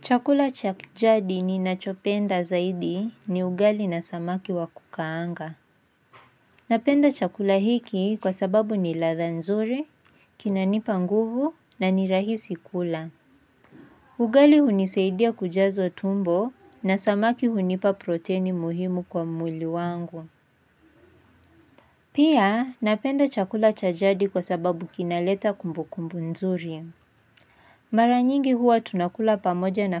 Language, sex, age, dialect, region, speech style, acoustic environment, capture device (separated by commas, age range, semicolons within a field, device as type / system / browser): Swahili, female, 18-24, Kenya - Nairobi Sheng-influenced, Kenya, focused, silence, mobile / Linux / Chrome